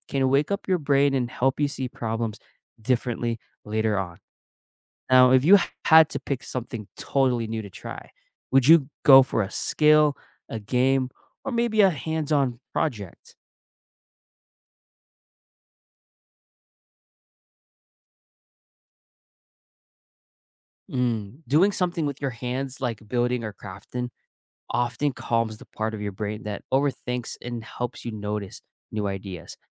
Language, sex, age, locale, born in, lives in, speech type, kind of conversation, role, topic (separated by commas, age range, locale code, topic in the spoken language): English, male, 25-29, en-US, United States, United States, read, expert-assistant, expert, How can daily habits improve creativity?